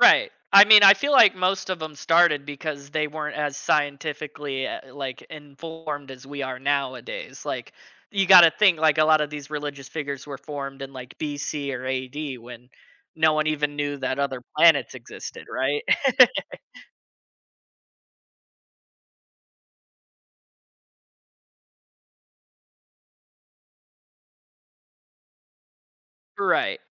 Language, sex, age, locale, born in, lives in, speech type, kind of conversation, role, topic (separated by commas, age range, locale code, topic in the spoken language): English, male, 20-24, en-US, United States, United States, conversation, advice, user, How can I balance work and personal life to avoid burnout?